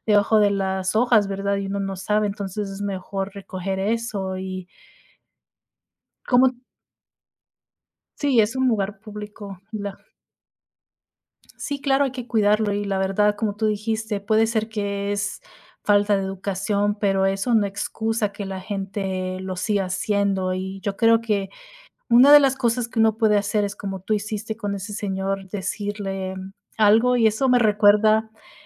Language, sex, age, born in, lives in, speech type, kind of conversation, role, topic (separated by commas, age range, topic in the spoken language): Spanish, female, 30-34, United States, United States, conversation, unstructured, none, ¿Te molesta que la gente no recoja su basura en lugares públicos?